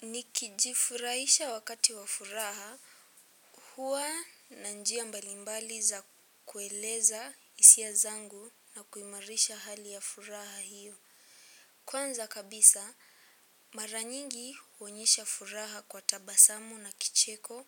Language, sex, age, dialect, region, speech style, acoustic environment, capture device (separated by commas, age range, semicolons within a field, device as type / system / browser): Swahili, female, 18-24, Kenya - Nairobi Sheng-influenced, Kenya, happy; relaxed, silence, mobile / Linux / Chrome